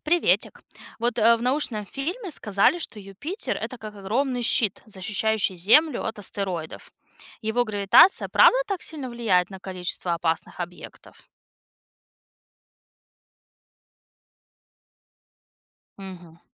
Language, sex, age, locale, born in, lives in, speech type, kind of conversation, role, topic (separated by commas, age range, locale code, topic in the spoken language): Russian, female, 30-34, ru-RU, Russia, United States, read, expert-assistant, asker, Действительно ли Юпитер защищает Землю от астероидов, притягивая их своей гравитацией?